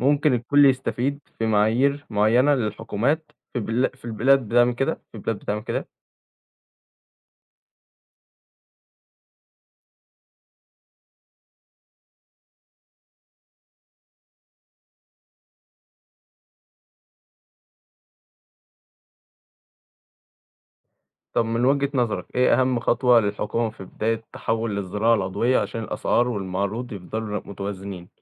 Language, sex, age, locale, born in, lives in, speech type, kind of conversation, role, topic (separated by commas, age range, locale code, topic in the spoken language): Arabic, male, 18-19, ar-EG, Egypt, Egypt, read, expert-assistant, asker, تفتكر لو كل الفلاحين في مصر قرروا يزرعوا بس محاصيل عضوية، إيه اللي كان هيحصل في الاقتصاد، وصحة الناس، وأسعار الأكل؟